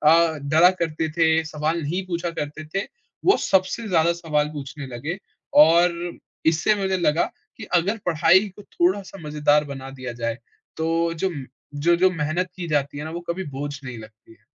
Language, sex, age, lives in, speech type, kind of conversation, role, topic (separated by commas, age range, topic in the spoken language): Hindi, male, 20-24, India, conversation, podcast, guest, पढ़ाई को मज़ेदार बनाने के कौन-कौन से तरीके हो सकते हैं?